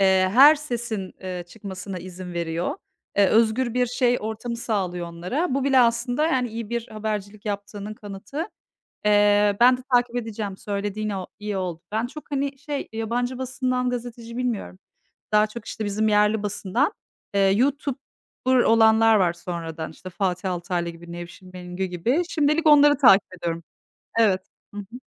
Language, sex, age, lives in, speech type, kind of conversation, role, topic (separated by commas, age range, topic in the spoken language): Turkish, female, 40-44, Netherlands, conversation, unstructured, none, Sence gazetecilere yönelik tehditler neden artıyor?